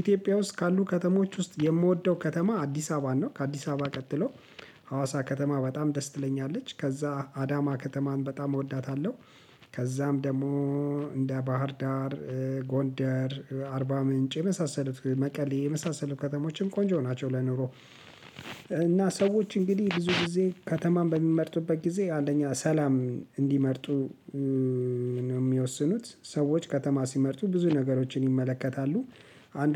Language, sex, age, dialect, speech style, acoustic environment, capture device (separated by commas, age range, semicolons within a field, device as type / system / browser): Amharic, male, 35-44, Ethiopia - Addis Ababa, relaxed; focused, silence, mobile / Linux / Chrome